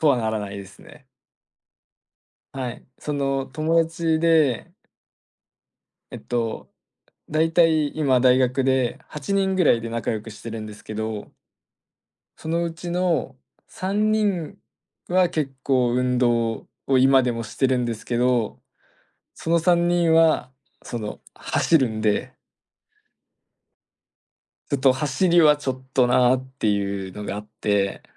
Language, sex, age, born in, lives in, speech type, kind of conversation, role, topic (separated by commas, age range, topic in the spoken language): Japanese, male, 20-24, Japan, Japan, conversation, advice, user, 忙しくて運動の時間が取れないことで、どんなストレスを感じていますか？